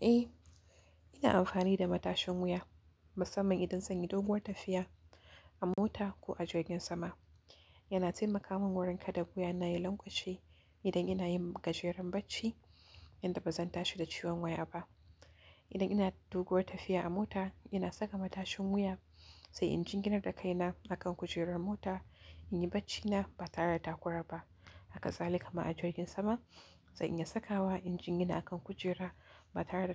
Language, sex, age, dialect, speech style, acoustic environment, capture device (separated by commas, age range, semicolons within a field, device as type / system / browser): Hausa, female, 18-24, Nigeria - Kano, relaxed; focused, silence, mobile / Linux / Chrome